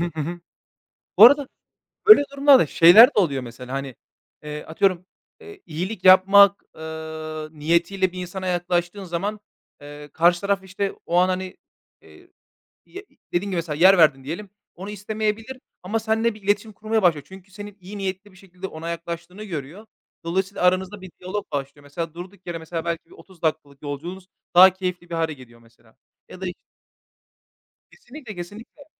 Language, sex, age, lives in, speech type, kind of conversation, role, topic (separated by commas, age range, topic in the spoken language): Turkish, male, 30-34, Bulgaria, conversation, unstructured, none, İyilik yapmak seni nasıl mutlu ediyor?